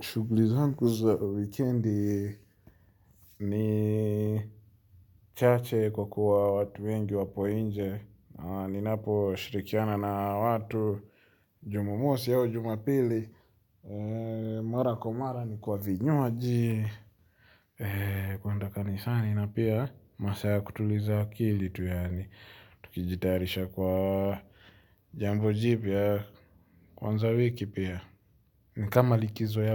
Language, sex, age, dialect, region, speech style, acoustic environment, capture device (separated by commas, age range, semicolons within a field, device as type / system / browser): Swahili, male, 25-34, Kenya - Nairobi Sheng-influenced, Kenya, anxious, nature, mobile / Linux / Chrome